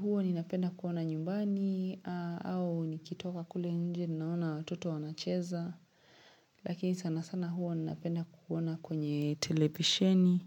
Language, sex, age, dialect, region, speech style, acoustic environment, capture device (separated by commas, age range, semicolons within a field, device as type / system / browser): Swahili, female, 25-34, Kenya - Mombasa, Kenya, happy; relaxed; excited; focused, silence, mobile / Linux / Chrome